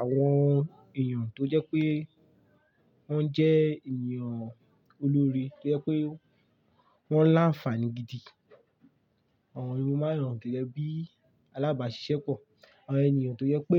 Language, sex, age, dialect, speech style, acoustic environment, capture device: Yoruba, male, 18-24, Nigeria - Lagos Yoruba, focused, silence, mobile / Linux / Chrome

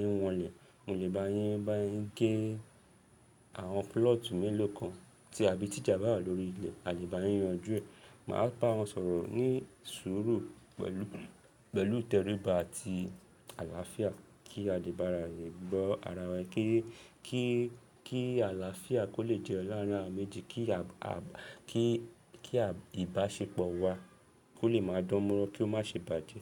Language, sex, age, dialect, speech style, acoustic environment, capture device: Yoruba, male, 25-34, Nigeria - Lagos Yoruba, happy; excited; relaxed, people talking; nature, mobile / Linux / Chrome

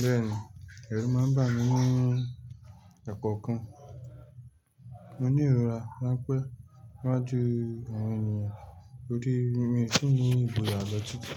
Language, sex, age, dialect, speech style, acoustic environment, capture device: Yoruba, male, 25-34, Nigeria - Lagos Yoruba, relaxed, silence, mobile / Linux / Chrome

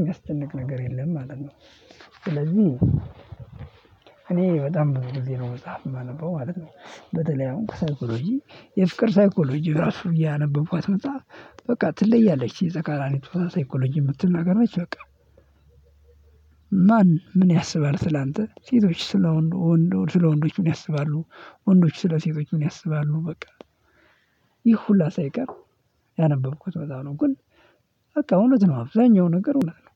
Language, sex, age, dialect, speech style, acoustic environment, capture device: Amharic, male, 18-24, Ethiopia - Addis Ababa, happy, silence, mobile / Linux / Chrome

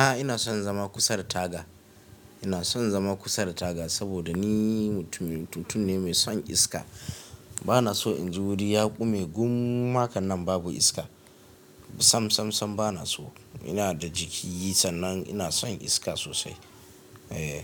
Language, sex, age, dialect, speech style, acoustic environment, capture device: Hausa, male, 25-34, Nigeria - Kano, relaxed, nature; silence, mobile / Linux / Chrome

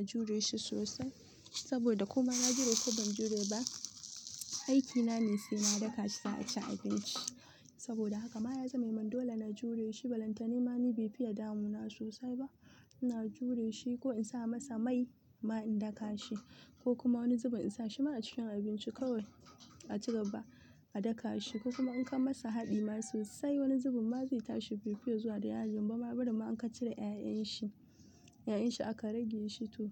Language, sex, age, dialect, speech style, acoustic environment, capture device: Hausa, female, 18-24, Nigeria - Kano, happy, silence, mobile / Linux / Chrome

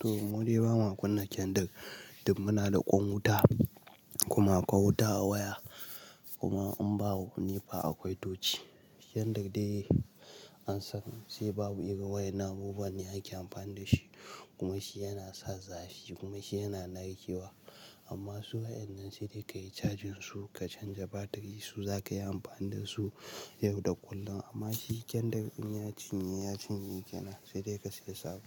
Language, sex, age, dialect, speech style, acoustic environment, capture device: Hausa, male, 18-24, Nigeria - Nigerian Standard, happy; relaxed; excited; focused, nature, mobile / Linux / Chrome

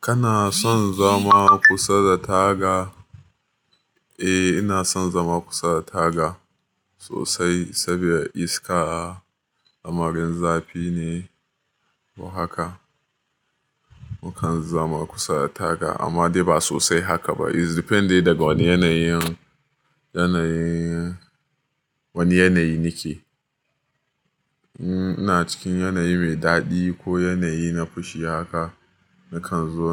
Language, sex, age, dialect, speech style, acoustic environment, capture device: Hausa, male, 25-34, Nigeria - Nigerian Standard, happy, people talking, mobile / Linux / Chrome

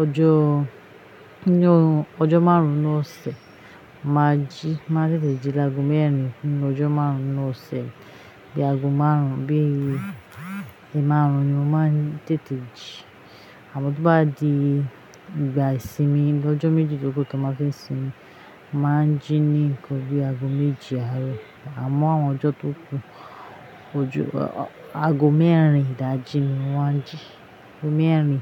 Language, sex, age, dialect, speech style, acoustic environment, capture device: Yoruba, female, 25-34, Nigeria - Ijebu, happy, appliances, mobile / Linux / Chrome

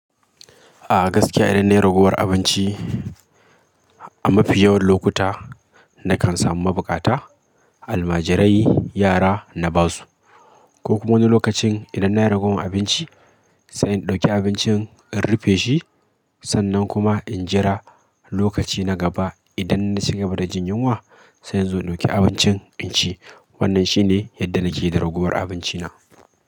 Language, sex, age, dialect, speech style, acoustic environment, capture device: Hausa, male, 25-34, Nigeria - Kano, focused; stressed, people talking; traffic; appliances; silence; nature, mobile / Linux / Chrome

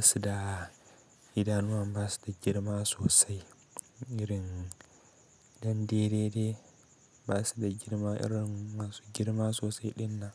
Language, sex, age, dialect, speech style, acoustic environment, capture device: Hausa, male, 18-24, Nigeria - Kano, happy, silence, mobile / Linux / Chrome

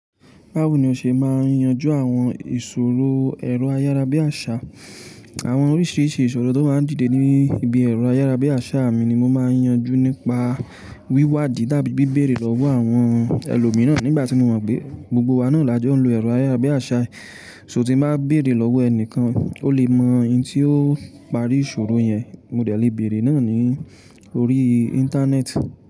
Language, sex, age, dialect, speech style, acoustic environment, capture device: Yoruba, male, 25-34, Nigeria - Ibadan, relaxed, appliances, mobile / Linux / Chrome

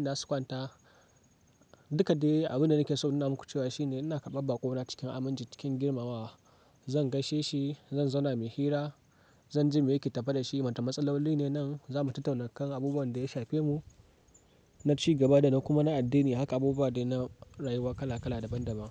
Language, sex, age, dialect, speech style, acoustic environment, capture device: Hausa, male, 18-24, Nigeria - Kano, happy; excited, nature; silence, mobile / Linux / Chrome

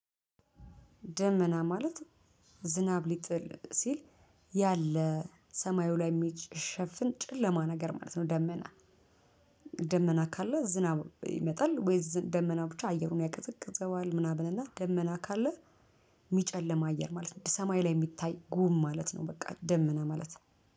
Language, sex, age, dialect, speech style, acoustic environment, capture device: Amharic, female, 35-44, Ethiopia - Addis Ababa, nervous, traffic, mobile / Linux / Chrome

